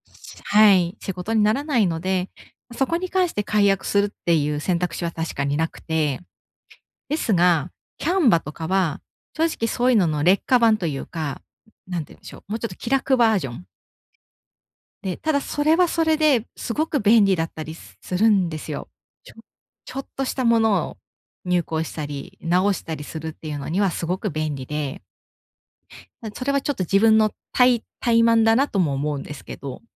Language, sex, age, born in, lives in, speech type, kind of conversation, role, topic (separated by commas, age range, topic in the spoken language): Japanese, female, 35-39, Japan, Japan, conversation, advice, user, どのサブスクリプションやサービスが本当に必要か、どのように見極めますか？